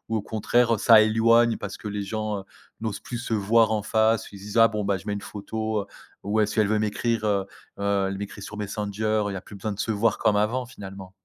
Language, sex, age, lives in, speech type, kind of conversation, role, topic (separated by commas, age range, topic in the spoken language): French, male, 30-34, France, conversation, podcast, host, Comment as-tu trouvé ta tribu, ton cercle d’amis ?